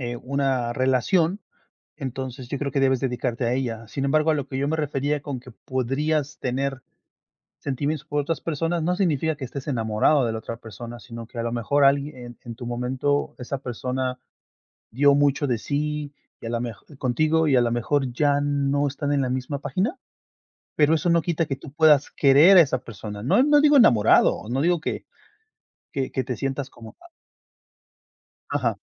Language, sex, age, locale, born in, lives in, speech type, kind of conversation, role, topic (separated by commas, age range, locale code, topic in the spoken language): Spanish, male, 40-44, es-MX, Mexico, United States, conversation, unstructured, none, ¿Se puede amar a más de una persona a la vez?